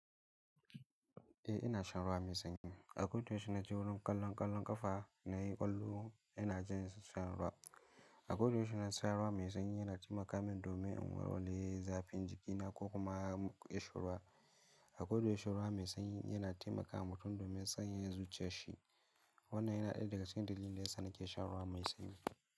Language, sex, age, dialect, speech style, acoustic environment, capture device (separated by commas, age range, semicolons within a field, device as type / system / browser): Hausa, male, 18-24, Nigeria - Kano, excited; focused; happy, silence, mobile / Linux / Chrome